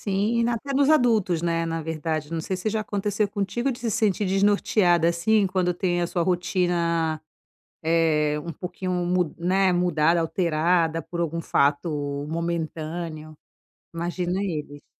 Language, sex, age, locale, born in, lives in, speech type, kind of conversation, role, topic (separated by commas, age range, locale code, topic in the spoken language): Portuguese, female, 35-39, pt-BR, Brazil, Italy, conversation, advice, advisor, Como posso lidar com diferenças na rotina e na definição de limites com meu filho?